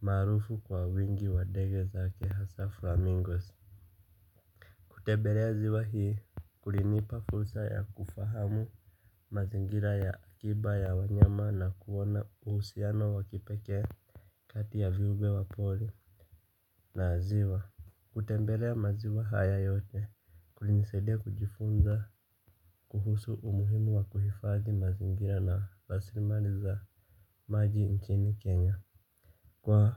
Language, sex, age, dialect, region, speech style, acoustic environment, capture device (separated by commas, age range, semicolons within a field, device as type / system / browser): Swahili, male, 25-34, Kenya - Nairobi Sheng-influenced, Kenya, happy; relaxed, silence, mobile / Linux / Chrome